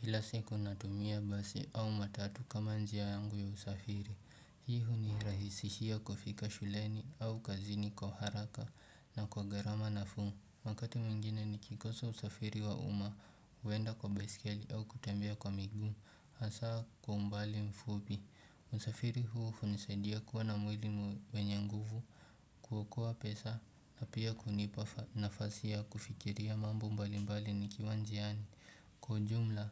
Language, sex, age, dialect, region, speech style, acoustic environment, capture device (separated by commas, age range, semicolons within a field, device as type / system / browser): Swahili, male, 18-24, Kenya - Nairobi Sheng-influenced, Kenya, relaxed, nature; appliances, desktop / Windows / Chrome